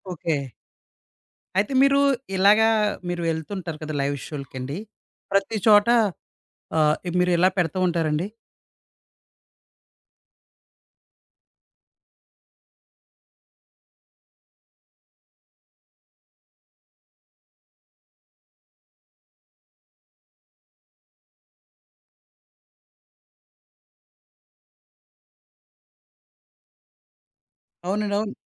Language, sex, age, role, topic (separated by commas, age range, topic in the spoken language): Telugu, male, 30-34, host, లైవ్ కార్యక్రమంలో జరిగిన అనుకోని క్షణం మీకు గుర్తుందా?